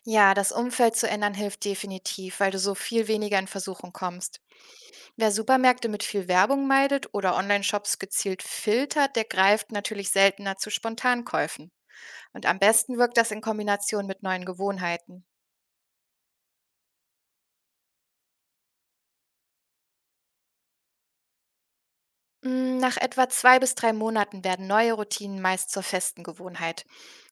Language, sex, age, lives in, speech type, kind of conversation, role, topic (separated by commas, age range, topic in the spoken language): German, female, 30-34, Germany, read, expert-assistant, expert, Wie kann ich mir selbst helfen, beim Einkaufen weniger Impulskäufe zu machen?